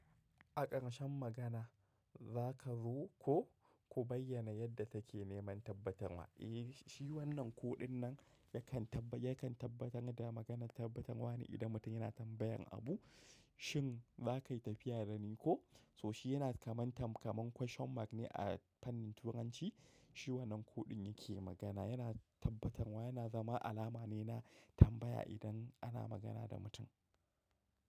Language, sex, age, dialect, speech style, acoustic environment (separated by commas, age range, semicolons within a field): Hausa, male, 25-34, Nigeria - Kano, happy, nature; silence